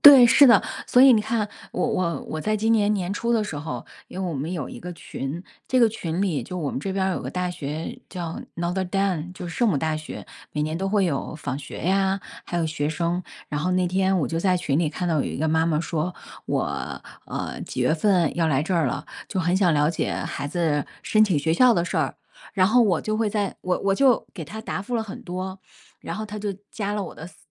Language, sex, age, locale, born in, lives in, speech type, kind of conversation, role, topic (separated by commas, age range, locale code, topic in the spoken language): Chinese, female, 40-44, zh-CN, China, United States, conversation, unstructured, none, 你觉得帮助别人重要吗？